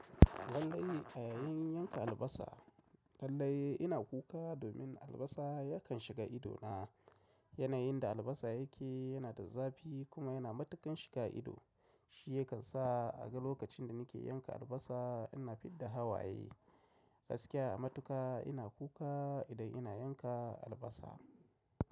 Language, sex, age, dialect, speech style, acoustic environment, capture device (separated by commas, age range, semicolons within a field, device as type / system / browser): Hausa, male, 35-44, Nigeria - Kano, excited, silence, mobile / macOS / Safari